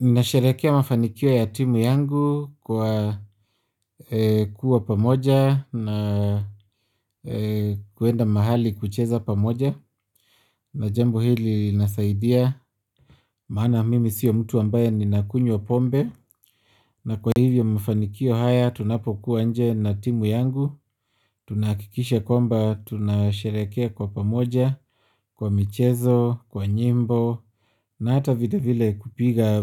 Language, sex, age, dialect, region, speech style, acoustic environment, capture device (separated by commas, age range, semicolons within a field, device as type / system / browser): Swahili, male, 25-34, Kenya - Nairobi Sheng-influenced, Kenya, relaxed, silence, mobile / Linux / Chrome